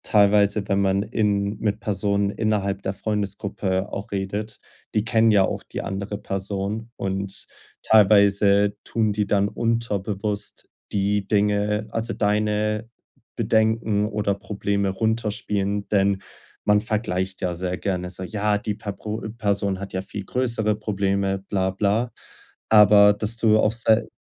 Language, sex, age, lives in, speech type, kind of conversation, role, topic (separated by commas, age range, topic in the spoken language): German, male, 20-24, Germany, conversation, advice, advisor, Wie setze ich Grenzen, wenn ich Freunden helfen möchte?